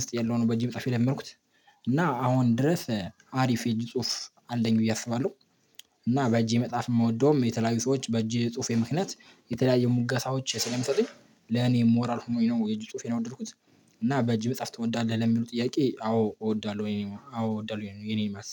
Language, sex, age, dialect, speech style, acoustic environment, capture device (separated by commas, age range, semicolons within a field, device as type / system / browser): Amharic, male, 18-24, Ethiopia - Addis Ababa, excited, people talking, mobile / Linux / Chrome